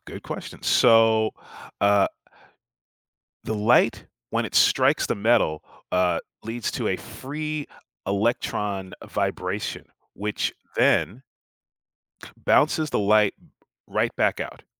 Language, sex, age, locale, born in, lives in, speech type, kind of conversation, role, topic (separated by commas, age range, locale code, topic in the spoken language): English, male, 35-39, en-US, United States, United States, read, expert-assistant, expert, What makes certain metals shiny?